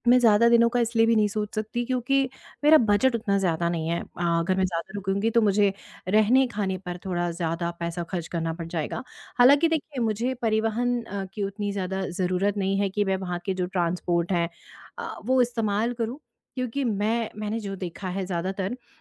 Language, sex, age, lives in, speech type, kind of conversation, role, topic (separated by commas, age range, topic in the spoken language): Hindi, female, 35-39, India, conversation, advice, user, मेरे लिए यात्रा की योजना बनाना इतना मुश्किल क्यों है?